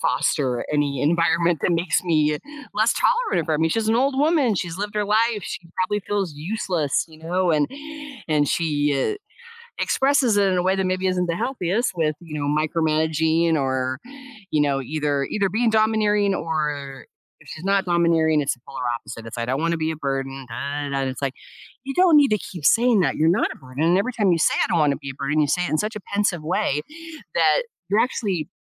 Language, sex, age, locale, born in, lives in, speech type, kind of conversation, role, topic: English, female, 55-59, en-US, United States, United States, conversation, advice, user, How can I set and maintain healthy boundaries without feeling guilty?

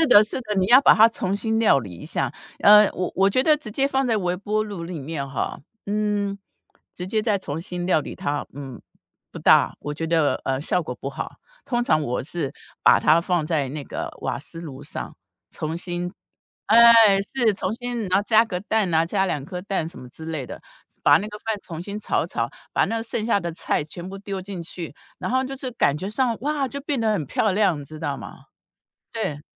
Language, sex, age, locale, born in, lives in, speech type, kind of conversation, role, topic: Chinese, female, 55-59, zh-TW, Taiwan, United States, conversation, podcast, guest, 你在日常生活中会怎么减少垃圾呢？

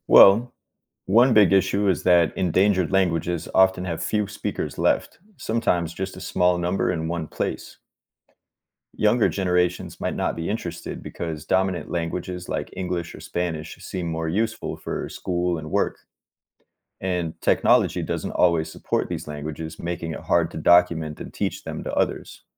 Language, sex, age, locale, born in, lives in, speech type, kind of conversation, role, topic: English, male, 30-34, en-US, United States, United States, read, expert-assistant, expert, What are the challenges of preserving endangered languages?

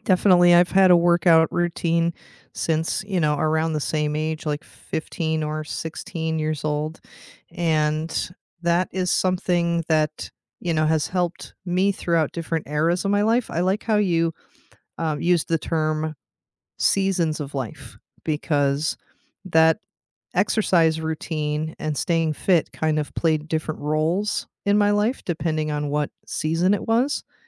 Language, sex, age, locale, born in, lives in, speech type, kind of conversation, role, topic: English, female, 40-44, en-US, United States, United States, conversation, unstructured, none, What small daily traditions shape your day and deepen your connections with family, friends, or yourself?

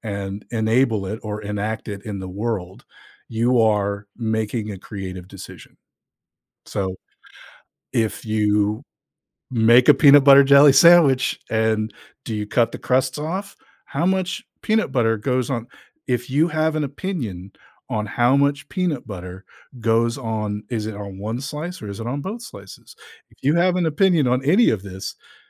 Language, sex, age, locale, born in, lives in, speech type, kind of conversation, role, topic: English, male, 50-54, en-US, United States, United States, conversation, podcast, guest, How does creative expression shape your life and personal growth?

